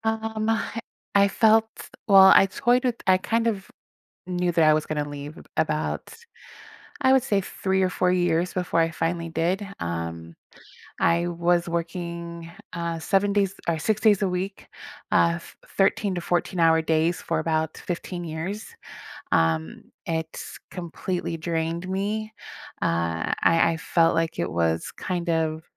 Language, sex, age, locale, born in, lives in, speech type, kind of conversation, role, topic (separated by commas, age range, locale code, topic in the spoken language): English, female, 40-44, en-US, United States, United States, conversation, podcast, guest, How do you approach goals that both excite and intimidate you?